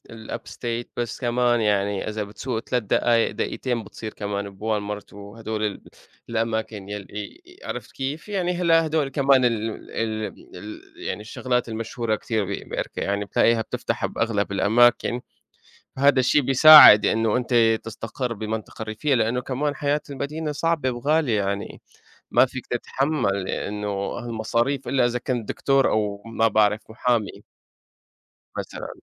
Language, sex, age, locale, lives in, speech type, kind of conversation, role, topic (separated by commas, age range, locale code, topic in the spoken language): Arabic, male, 25-29, ar-SY, United States, conversation, unstructured, none, إنت بتبقى حاسس بإيه لما بتقضي وقت في الطبيعة؟